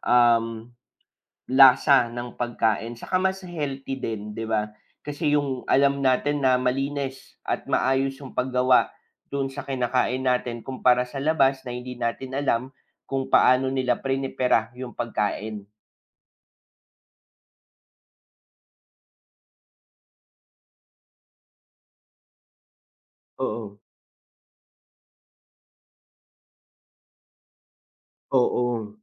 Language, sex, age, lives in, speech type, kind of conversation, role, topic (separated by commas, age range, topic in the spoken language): Filipino, male, 25-29, Philippines, conversation, unstructured, none, Ano ang opinyon mo sa pagkain sa bahay kumpara sa pagkain sa labas?